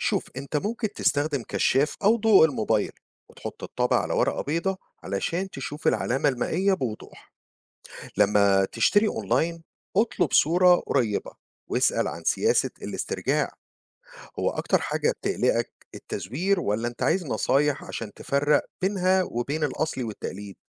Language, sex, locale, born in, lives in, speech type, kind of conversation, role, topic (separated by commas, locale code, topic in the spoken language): Arabic, male, ar-EG, Egypt, United States, read, expert-assistant, expert, إزاي أشتري وأبدّل طوابع من غير ما أدفع كتير؟